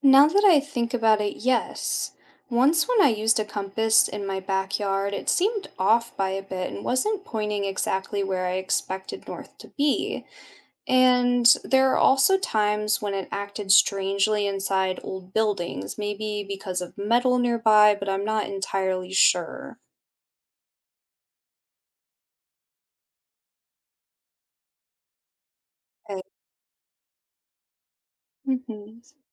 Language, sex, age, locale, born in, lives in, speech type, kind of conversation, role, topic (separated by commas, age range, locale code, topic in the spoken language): English, female, 25-29, en-US, United States, United States, read, expert-assistant, asker, How does a compass always point north?